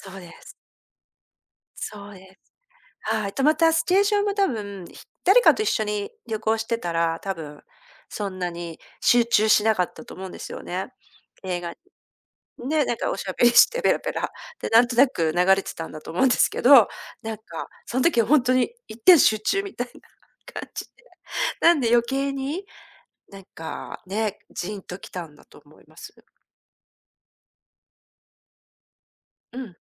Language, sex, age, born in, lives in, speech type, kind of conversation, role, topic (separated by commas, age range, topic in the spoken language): Japanese, female, 50-54, Japan, Japan, conversation, podcast, guest, 人生の転機に流れていた曲は何でしたか？